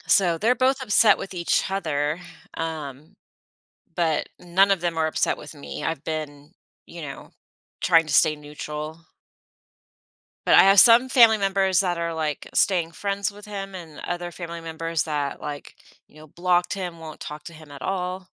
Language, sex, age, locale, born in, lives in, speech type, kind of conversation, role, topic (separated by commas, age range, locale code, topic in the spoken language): English, female, 40-44, en-US, United States, United States, conversation, advice, user, How do I address ongoing tension with a family member without making things worse?